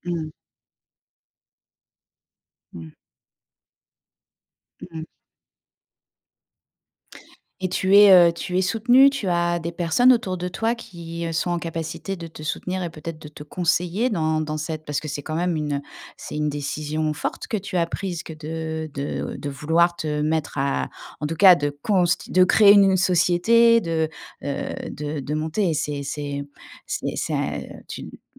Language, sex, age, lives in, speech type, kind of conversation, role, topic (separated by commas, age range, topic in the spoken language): French, female, 45-49, France, conversation, advice, advisor, Envisagez-vous de reprendre des études ou de vous reconvertir professionnellement à l’âge adulte, et pour quelles raisons ?